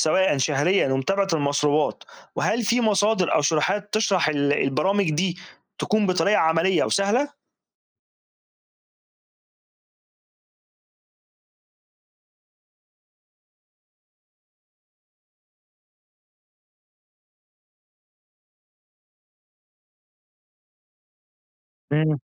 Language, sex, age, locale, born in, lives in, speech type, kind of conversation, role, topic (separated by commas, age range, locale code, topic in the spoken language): Arabic, male, 40-44, ar-EG, Egypt, Egypt, read, expert-assistant, asker, إزاي نقدر نعرف لو الأرقام والتقارير اللي بتطلع من قسم العمليات في الشركة فعلاً دقيقة ومبنية على مصادر موثوقة؟